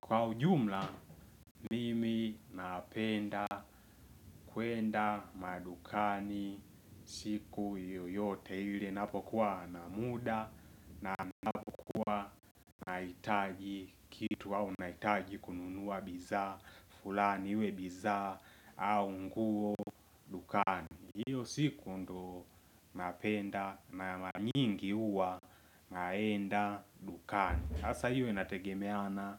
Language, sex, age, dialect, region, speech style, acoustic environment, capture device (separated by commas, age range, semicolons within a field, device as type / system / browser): Swahili, male, 18-24, Tanzania - Dar es Salaam, Tanzania, happy; relaxed; focused, silence; nature, mobile / Linux / Chrome